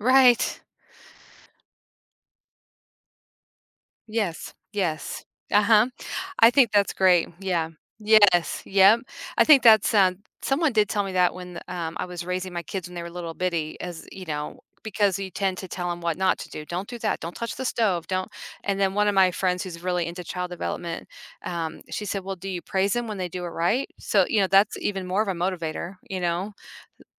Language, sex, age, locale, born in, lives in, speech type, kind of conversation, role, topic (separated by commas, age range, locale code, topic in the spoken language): English, female, 50-54, en-US, United States, United States, conversation, advice, user, How do I tell my friend I regret not sharing romantic feelings?